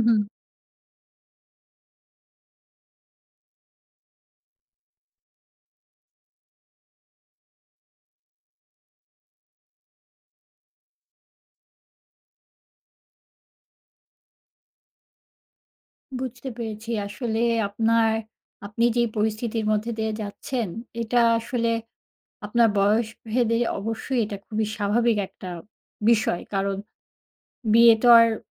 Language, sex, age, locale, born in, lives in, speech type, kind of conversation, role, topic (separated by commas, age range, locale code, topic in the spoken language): Bengali, female, 40-44, bn-BD, Bangladesh, Finland, conversation, advice, advisor, আপনি কি বিয়ের প্রস্তাবটি গ্রহণ করবেন কি না—এ নিয়ে কেন দ্বিধায় আছেন?